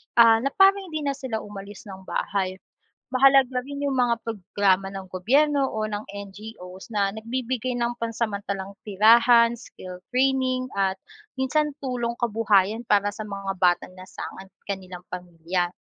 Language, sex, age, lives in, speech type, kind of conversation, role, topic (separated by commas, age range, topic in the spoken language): Filipino, female, 25-29, Philippines, read, expert-assistant, expert, Ano ang mga dahilan ng pagdami ng street children sa mga lungsod?